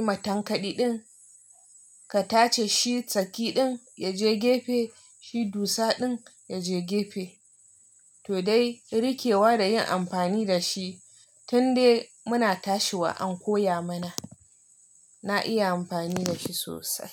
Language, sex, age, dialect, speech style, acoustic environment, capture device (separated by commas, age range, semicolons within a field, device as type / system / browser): Hausa, female, 25-34, Nigeria - Nigerian Standard, relaxed, silence, mobile / Linux / Chrome